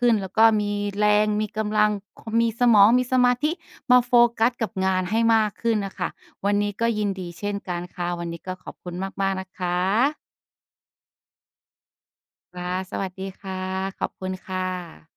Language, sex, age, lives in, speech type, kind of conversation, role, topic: Thai, female, 35-39, Thailand, conversation, advice, advisor, ทำอย่างไรดีเมื่อเล่นโซเชียลมีเดียบ่อยจนไม่มีสมาธิทำงาน?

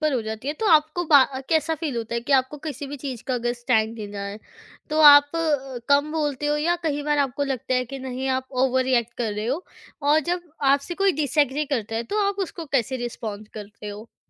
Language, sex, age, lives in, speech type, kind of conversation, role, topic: Hindi, female, 20-24, India, conversation, podcast, host, Kaise samjhein ki aap zyada asar-daar tareeke se baat kar rahe hain ya phir zyada teekhe ho rahe hain?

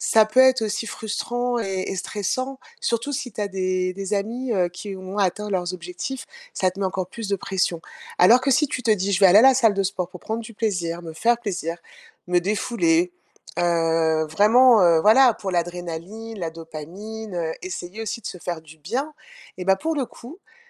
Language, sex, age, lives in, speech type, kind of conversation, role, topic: French, female, 50-54, France, conversation, advice, advisor, Comment puis-je me fixer des objectifs réalistes et mesurables ?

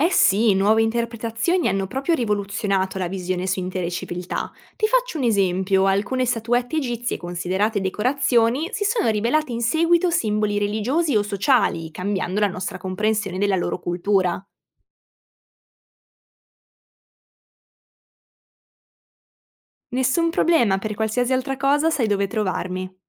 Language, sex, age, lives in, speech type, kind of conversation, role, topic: Italian, female, 20-24, Italy, read, expert-assistant, expert, Perché certe antiche società seppellivano i loro morti con oggetti preziosi? Cosa ci dicono questi rituali sulle norme sociali e sui valori culturali dell’epoca?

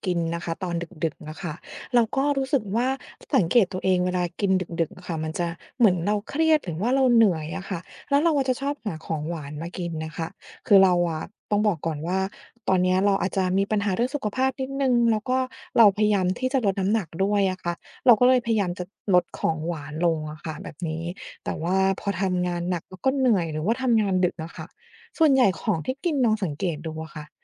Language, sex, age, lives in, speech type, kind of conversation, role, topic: Thai, female, 40-44, United States, conversation, advice, user, ทำอย่างไรดีเมื่อพยายามลดหวานแต่กลับกินของหวานตอนเครียด?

